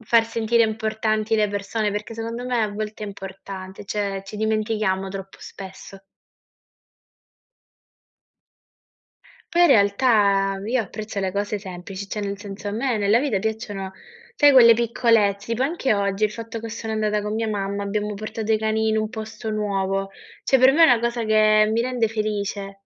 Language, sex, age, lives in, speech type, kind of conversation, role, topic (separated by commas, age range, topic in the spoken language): Italian, female, 20-24, Italy, conversation, unstructured, none, Quali sono i piccoli gesti che rendono la vita più bella?